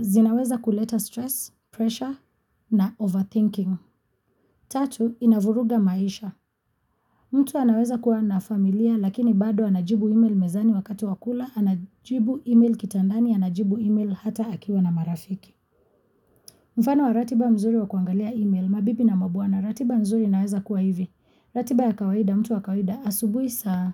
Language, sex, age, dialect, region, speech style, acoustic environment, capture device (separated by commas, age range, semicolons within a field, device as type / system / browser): Swahili, female, 25-34, Kenya - Mombasa, Kenya, focused, nature; silence; construction, mobile / Linux / Chrome